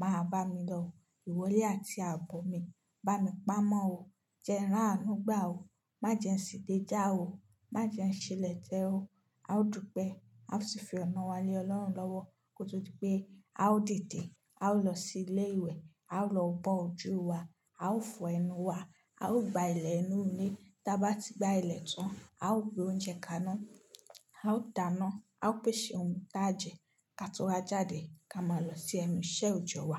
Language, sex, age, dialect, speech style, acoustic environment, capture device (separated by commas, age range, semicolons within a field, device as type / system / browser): Yoruba, female, 25-34, Nigeria - Nigerian Standard, focused; happy, silence, mobile / Linux / Chrome